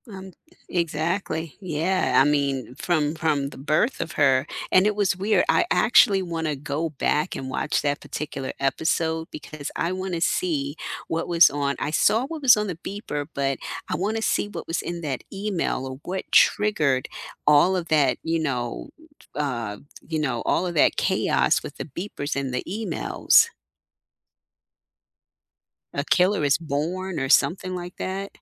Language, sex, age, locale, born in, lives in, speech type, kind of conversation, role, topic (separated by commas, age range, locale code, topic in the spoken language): English, female, 50-54, en-US, United States, United States, conversation, unstructured, none, What plot twists have shocked you, without spoilers—just vibes?